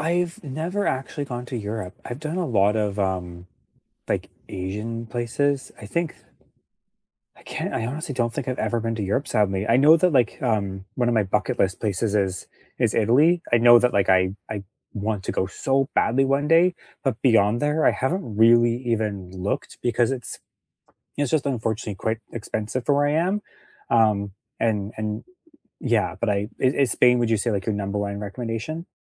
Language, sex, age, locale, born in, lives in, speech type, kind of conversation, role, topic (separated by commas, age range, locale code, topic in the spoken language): English, male, 35-39, en-CA, Canada, Canada, conversation, unstructured, none, What do you enjoy most about traveling to new places?